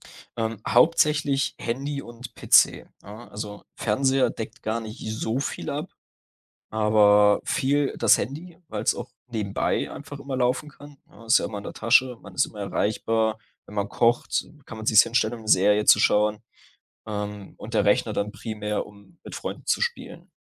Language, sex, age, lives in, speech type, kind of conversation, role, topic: German, male, 20-24, Germany, conversation, advice, user, Wie möchtest du deine Bildschirmzeit nach Feierabend deutlich reduzieren?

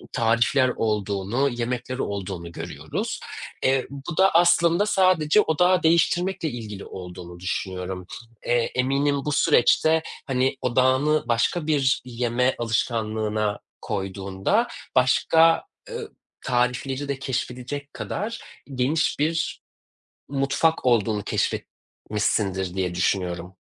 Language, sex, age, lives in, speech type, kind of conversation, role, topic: Turkish, male, 35-39, Germany, conversation, unstructured, none, Şimdiye kadar yaşadığın en unutulmaz yemek deneyimi hangisiydi?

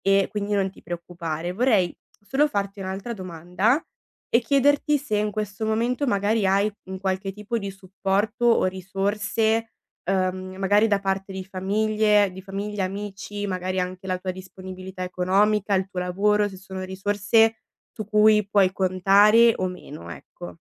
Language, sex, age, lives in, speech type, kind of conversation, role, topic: Italian, female, 20-24, Italy, conversation, advice, advisor, Come posso gestire l’ansia nel decidere se trasferirmi o cambiare stile di vita?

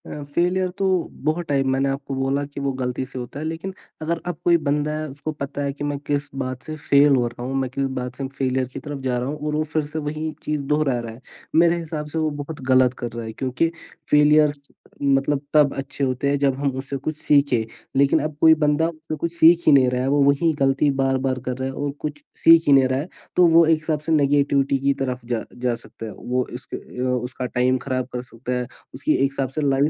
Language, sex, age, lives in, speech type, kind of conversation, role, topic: Hindi, male, 18-19, India, conversation, podcast, guest, Failure se tumhare liye sabse badi practical seekh kya nikli?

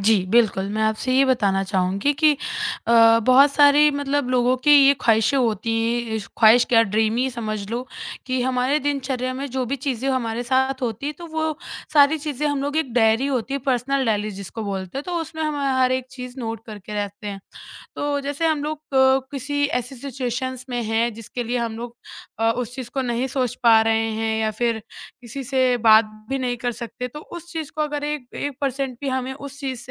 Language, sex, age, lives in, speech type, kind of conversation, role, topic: Hindi, male, 30-34, India, conversation, podcast, guest, Tum apne ideas ko kaise capture karte ho?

